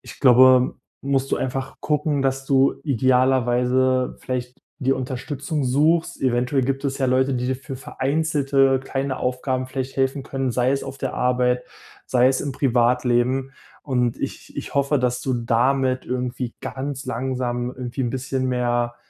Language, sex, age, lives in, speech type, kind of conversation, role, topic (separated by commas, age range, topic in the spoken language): German, male, 25-29, Germany, conversation, advice, advisor, Was kann ich tun, wenn ich mental müde bin und mich kaum noch längere Zeit konzentrieren kann?